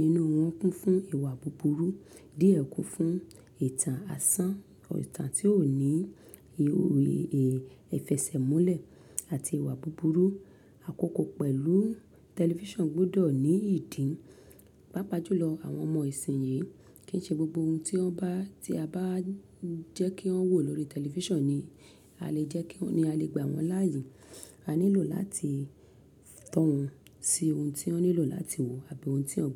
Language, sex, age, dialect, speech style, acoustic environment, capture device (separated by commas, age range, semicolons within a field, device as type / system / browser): Yoruba, female, 35-44, Nigeria - Lagos Yoruba, happy; excited; focused, silence, mobile / Linux / Chrome